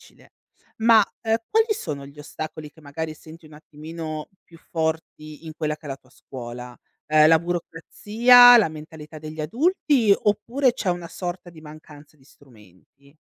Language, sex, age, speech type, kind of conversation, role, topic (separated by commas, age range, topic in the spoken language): Italian, female, 35-39, read, expert-assistant, expert, Come posso trovare e utilizzare testimonianze autentiche di giovani sui sistemi politici nelle attività scolastiche?